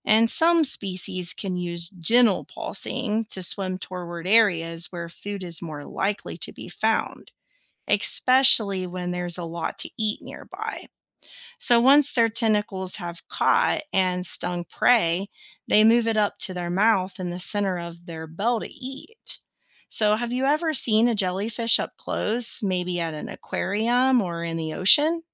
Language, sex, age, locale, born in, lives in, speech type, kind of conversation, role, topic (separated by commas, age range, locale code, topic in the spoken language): English, female, 35-39, en-US, United States, United States, read, expert-assistant, expert, How do jellyfish move in the water?